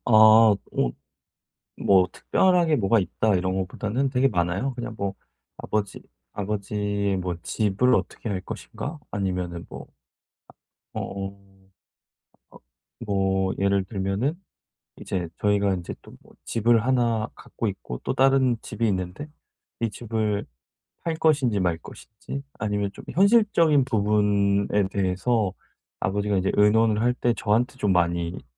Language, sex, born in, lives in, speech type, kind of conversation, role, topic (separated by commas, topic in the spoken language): Korean, male, South Korea, South Korea, conversation, advice, user, 형제자매와의 의사소통 부족을 어떻게 효과적으로 개선할 수 있을까요?